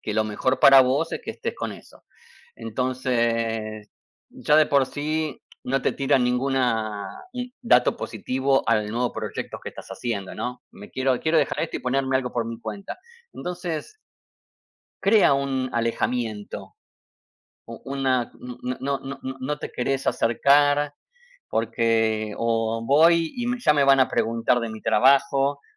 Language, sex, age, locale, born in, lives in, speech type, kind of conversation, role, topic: Spanish, male, 45-49, es-AR, Argentina, United States, conversation, unstructured, none, ¿Crees que la familia siempre debe apoyarte, pase lo que pase?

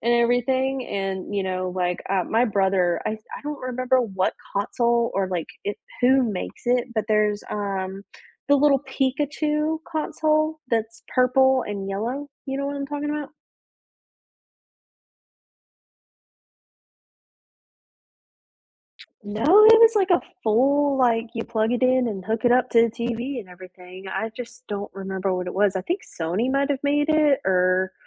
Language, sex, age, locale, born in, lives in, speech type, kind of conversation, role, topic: English, female, 20-24, en-US, United States, United States, conversation, unstructured, none, Which childhood cartoon or game still makes you smile?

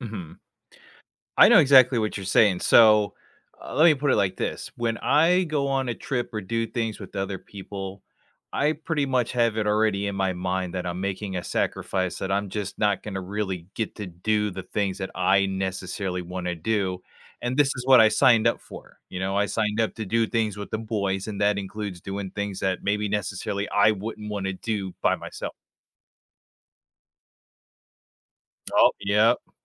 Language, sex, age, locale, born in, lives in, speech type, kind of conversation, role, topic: English, male, 30-34, en-US, United States, United States, conversation, unstructured, none, How can I plan a trip that balances our different interests?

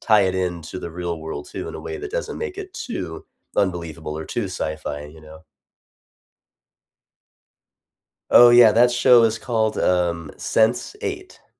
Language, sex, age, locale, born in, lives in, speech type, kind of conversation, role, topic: English, male, 30-34, en-US, United States, United States, conversation, unstructured, none, What was the last movie, show, or book that genuinely moved you, and what about it resonated?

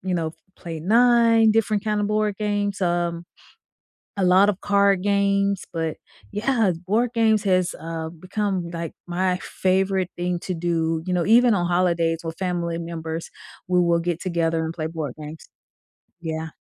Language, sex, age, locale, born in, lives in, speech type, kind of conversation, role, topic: English, female, 45-49, en-US, United States, United States, conversation, unstructured, none, What is the story behind your favorite hobby?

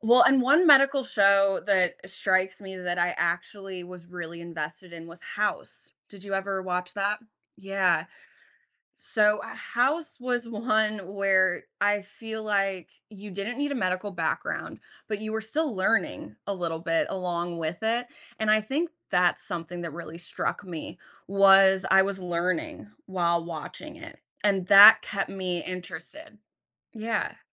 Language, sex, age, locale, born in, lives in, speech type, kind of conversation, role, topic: English, female, 30-34, en-US, United States, United States, conversation, podcast, guest, Why do some TV shows keep us watching episode after episode?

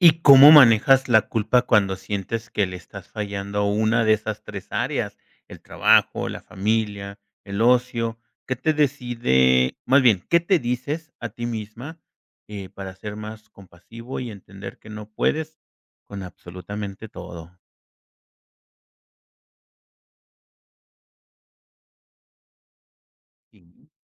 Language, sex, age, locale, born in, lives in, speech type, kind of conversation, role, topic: Spanish, male, 50-54, es-MX, Mexico, Mexico, conversation, podcast, host, ¿Cómo priorizas tu tiempo entre el trabajo, la familia y el ocio?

